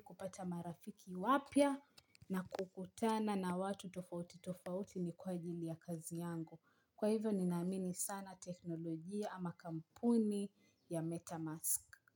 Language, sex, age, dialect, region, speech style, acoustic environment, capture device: Swahili, female, 25-34, Kenya - Mombasa, Kenya, happy, silence, mobile / Linux / Chrome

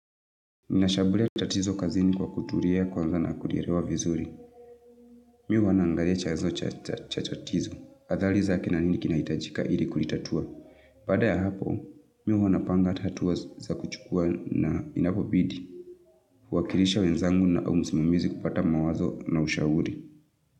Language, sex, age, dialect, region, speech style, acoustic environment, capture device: Swahili, female, 25-34, Kenya - Nairobi Sheng-influenced, Kenya, tired, nature, mobile / Linux / Chrome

